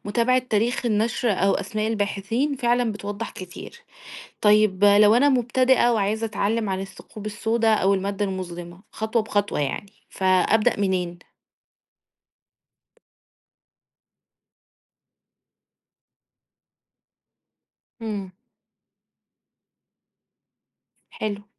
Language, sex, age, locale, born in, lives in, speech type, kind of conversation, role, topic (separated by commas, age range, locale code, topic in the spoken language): Arabic, female, 30-34, ar-EG, Egypt, Egypt, read, expert-assistant, asker, لو عايز أركز أكتر وأفهم مفاهيم معقدة زي الثقوب السودا أو المادة المظلمة، إيه النصايح العملية للمذاكرة أو البحث؟